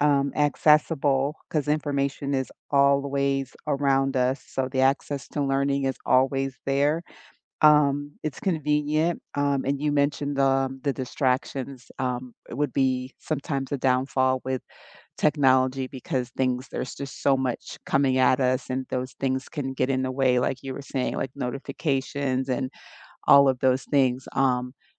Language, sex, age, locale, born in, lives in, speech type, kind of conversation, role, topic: English, female, 50-54, en-US, United States, United States, conversation, unstructured, none, How has technology shaped your learning journey?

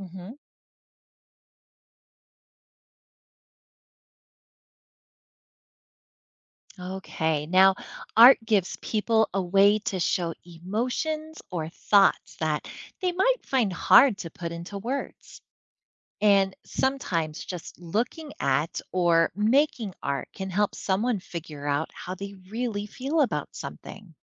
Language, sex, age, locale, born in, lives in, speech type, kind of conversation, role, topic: English, female, 40-44, en-US, United States, United States, read, expert-assistant, expert, How does art help people express themselves?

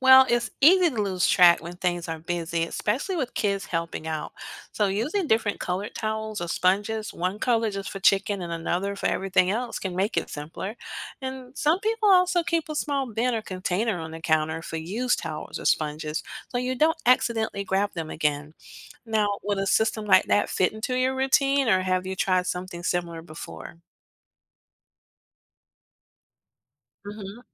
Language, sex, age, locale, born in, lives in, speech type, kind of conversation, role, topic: English, female, 50-54, en-US, United States, United States, read, expert-assistant, expert, What habits can help me avoid cross-contamination when preparing meals at home?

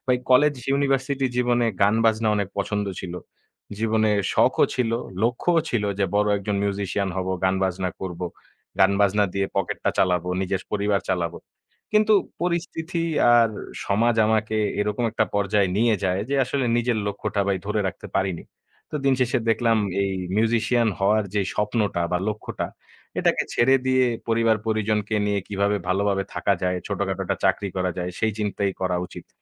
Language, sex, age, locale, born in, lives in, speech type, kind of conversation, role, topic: Bengali, male, 20-24, bn-BD, Bangladesh, Bangladesh, conversation, unstructured, none, আপনি কি কখনো কোনো লক্ষ্য ছেড়ে দিয়েছেন, আর কেন?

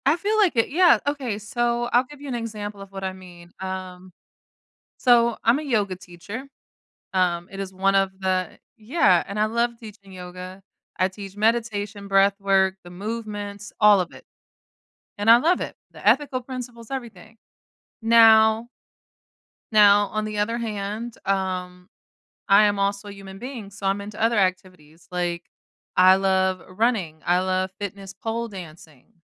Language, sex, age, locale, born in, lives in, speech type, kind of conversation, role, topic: English, female, 30-34, en-US, United States, United States, conversation, unstructured, none, What is one thing you wish people understood about you?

